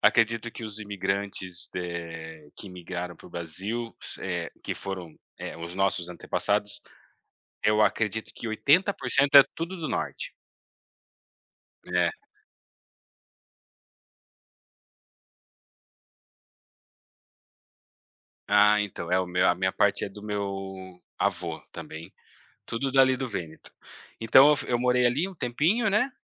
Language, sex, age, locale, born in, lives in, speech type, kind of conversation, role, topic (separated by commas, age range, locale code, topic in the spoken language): Portuguese, male, 35-39, pt-BR, Brazil, Italy, conversation, unstructured, none, Qual foi a aventura mais inesperada que você já viveu?